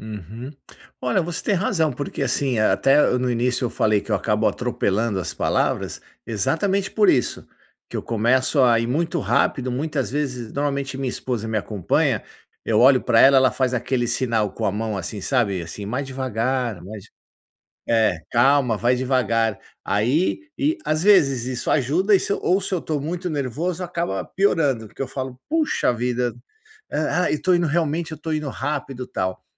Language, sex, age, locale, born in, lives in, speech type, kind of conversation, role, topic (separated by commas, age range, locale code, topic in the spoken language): Portuguese, male, 50-54, pt-BR, Brazil, United States, conversation, advice, user, Como posso reduzir o nervosismo antes de falar em público?